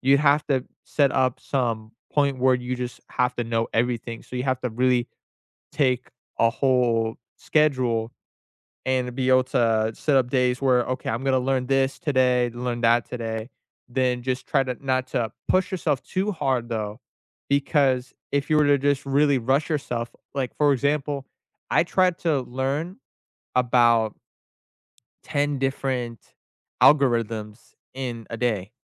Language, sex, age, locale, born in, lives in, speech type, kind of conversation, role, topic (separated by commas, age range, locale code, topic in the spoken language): English, male, 20-24, en-US, United States, United States, conversation, unstructured, none, What’s the best thing about learning something new?